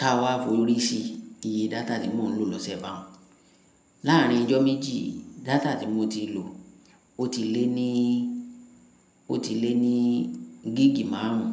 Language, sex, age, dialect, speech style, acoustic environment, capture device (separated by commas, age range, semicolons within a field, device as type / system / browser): Yoruba, female, 25-34, Nigeria - Ibadan, happy; relaxed; excited, silence, mobile / Linux / Chrome